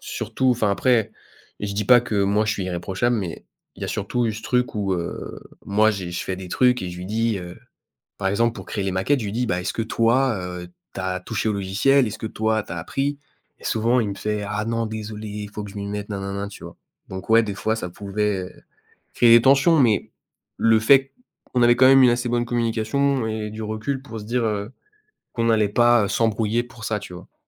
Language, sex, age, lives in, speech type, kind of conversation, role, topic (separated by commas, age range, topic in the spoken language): French, male, 20-24, France, conversation, advice, user, Pourquoi avez-vous perdu votre motivation et remettez-vous en question ce projet ?